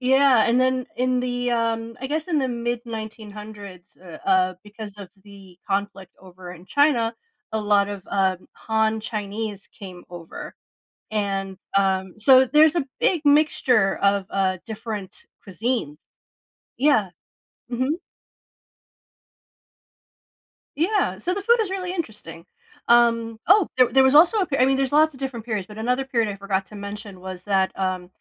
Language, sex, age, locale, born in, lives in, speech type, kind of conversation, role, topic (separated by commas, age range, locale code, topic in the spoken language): English, female, 40-44, en-US, United States, United States, conversation, podcast, guest, In what ways do our cultural backgrounds influence our eating habits and food choices?